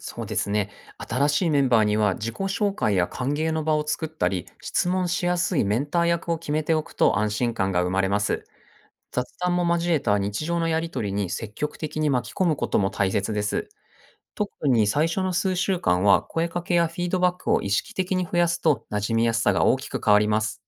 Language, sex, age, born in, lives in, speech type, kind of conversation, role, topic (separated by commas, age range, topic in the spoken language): Japanese, male, 40-44, Japan, Japan, read, expert-assistant, expert, チームの目標や進め方を分かりやすく整理するには、どんな工夫が役立つと思いますか？